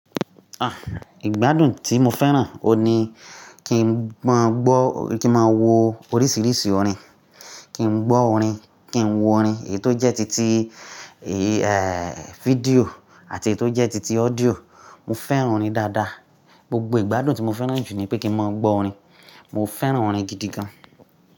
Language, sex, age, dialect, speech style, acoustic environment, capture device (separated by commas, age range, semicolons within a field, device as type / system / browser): Yoruba, male, 35-44, Nigeria - Nigerian Standard, focused; excited; happy, silence, mobile / Linux / Chrome